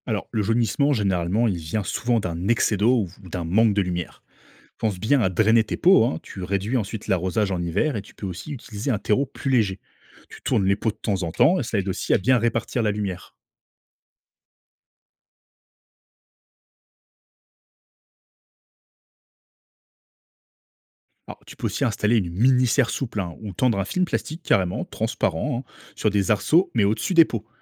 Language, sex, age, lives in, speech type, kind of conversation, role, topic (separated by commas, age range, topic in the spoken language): French, male, 30-34, France, read, expert-assistant, expert, Comment organiser la production de fruits et légumes chez soi pour avoir des récoltes toute l’année ?